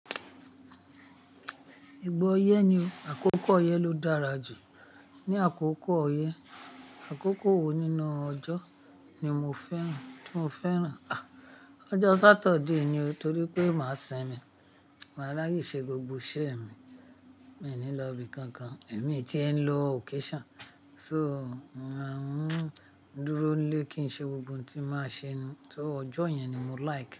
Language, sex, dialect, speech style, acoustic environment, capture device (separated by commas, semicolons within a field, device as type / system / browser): Yoruba, female, Nigeria - Ibadan, happy; nervous; anxious; excited, people talking; music; nature, mobile / Linux / Chrome